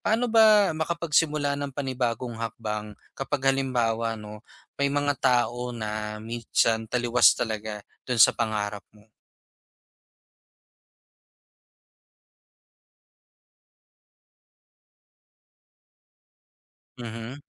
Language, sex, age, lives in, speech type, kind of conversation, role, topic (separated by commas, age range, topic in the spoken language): Filipino, male, 25-29, Philippines, conversation, advice, user, Paano ko mapagpaplanuhan at matitimbang ang mga pangmatagalang resulta kapag gagawa ako ng malaking desisyon sa buhay?